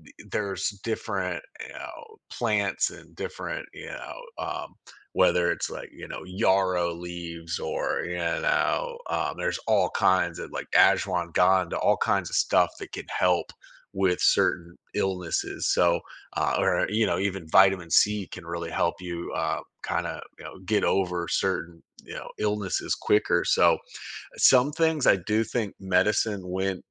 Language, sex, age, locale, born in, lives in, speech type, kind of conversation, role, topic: English, male, 40-44, en-US, United States, United States, conversation, podcast, guest, What medical advancements have had the biggest impact on our lives?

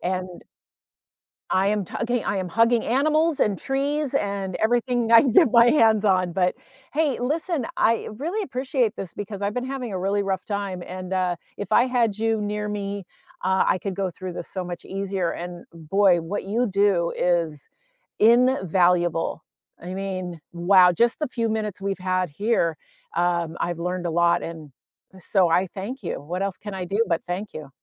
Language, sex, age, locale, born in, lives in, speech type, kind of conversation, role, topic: English, female, 60-64, en-US, United States, United States, conversation, unstructured, none, Why do people often feel lonely when grieving?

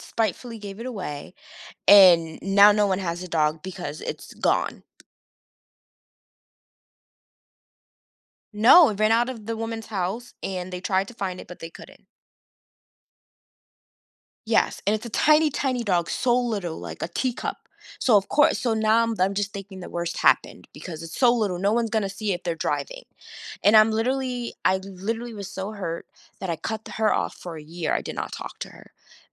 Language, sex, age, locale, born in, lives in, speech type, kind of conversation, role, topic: English, female, 30-34, en-US, United States, United States, conversation, advice, user, How can I set healthy boundaries?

